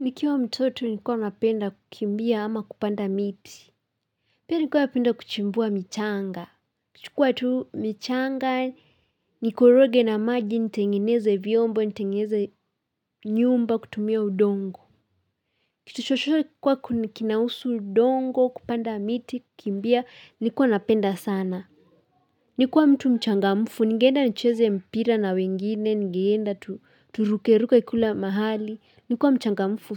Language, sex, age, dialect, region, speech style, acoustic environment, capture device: Swahili, female, 18-24, Kenya - Nairobi Sheng-influenced, Kenya, happy; excited; focused; relaxed, silence, mobile / Linux / Chrome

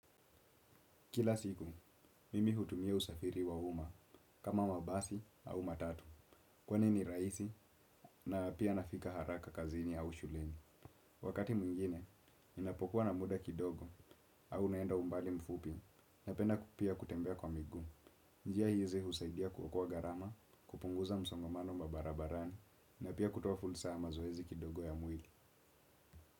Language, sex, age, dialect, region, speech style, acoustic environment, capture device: Swahili, male, 18-24, Kenya - Nairobi Sheng-influenced, Kenya, focused, silence, desktop / Windows / Chrome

